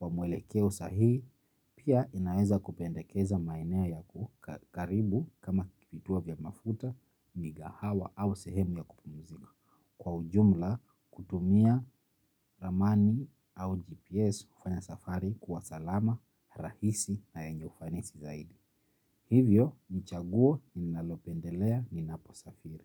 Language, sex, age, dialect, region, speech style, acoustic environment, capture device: Swahili, male, 25-34, Kenya - Nairobi Sheng-influenced, Kenya, happy; relaxed; focused, nature; silence, mobile / Linux / Chrome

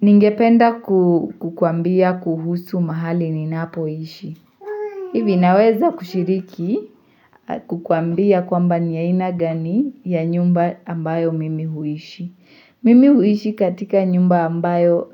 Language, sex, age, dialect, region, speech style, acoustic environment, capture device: Swahili, female, 18-24, Kenya - Mombasa, Kenya, happy, silence, mobile / Linux / Chrome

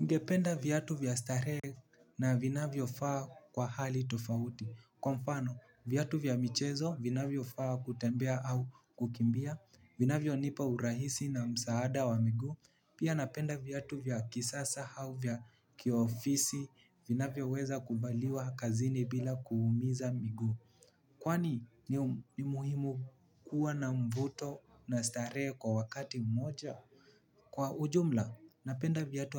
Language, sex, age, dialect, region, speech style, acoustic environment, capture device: Swahili, male, 18-24, Kenya - Nairobi Sheng-influenced, Kenya, relaxed, people talking, mobile / Linux / Chrome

